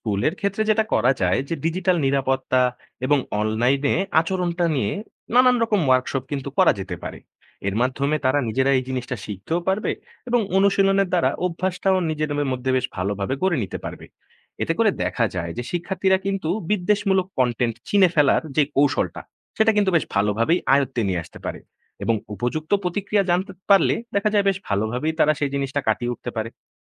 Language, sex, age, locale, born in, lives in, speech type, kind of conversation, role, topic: Bengali, male, 20-24, bn-BD, Bangladesh, Bangladesh, read, expert-assistant, expert, স্কুলে ধর্মীয় সহিষ্ণুতা ও পারস্পরিক শ্রদ্ধা বাড়ানোর উপায় কী?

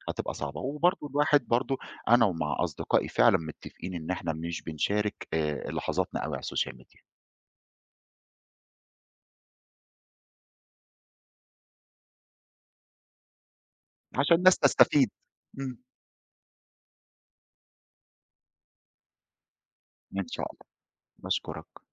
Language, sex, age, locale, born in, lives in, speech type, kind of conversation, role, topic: Arabic, male, 40-44, ar-EG, Egypt, Egypt, conversation, podcast, guest, تتصرّف إزاي لو مشكلة عائلية اتنشرت على السوشيال ميديا؟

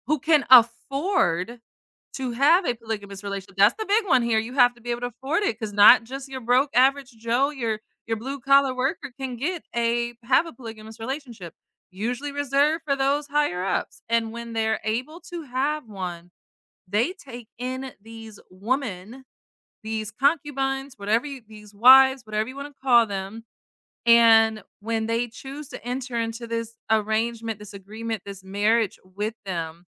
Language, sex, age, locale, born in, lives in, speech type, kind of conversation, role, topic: English, female, 30-34, en-US, United States, United States, conversation, unstructured, none, How can one tell when a relationship has become too routine?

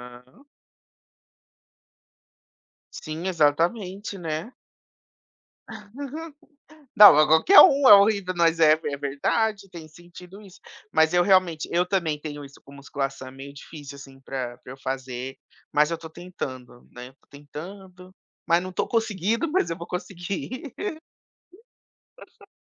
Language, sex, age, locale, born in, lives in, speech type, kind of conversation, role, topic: Portuguese, male, 30-34, pt-BR, Brazil, United States, conversation, podcast, host, Como começar hábitos saudáveis sem perder o pique?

